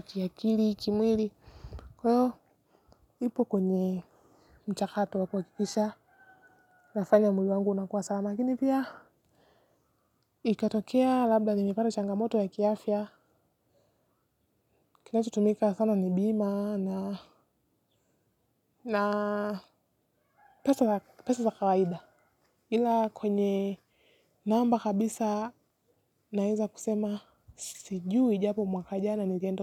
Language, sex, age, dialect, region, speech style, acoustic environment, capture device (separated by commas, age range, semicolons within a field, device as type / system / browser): Swahili, female, 18-24, Kenya - Nairobi Sheng-influenced, Kenya, focused, silence, mobile / Linux / Chrome